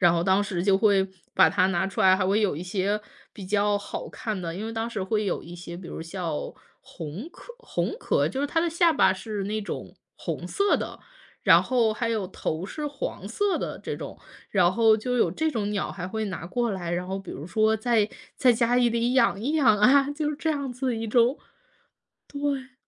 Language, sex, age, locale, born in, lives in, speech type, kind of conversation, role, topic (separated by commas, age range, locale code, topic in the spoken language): Chinese, female, 30-34, zh-CN, China, United States, conversation, podcast, guest, 你能说说让你印象最深的一次简单而自然的体验吗？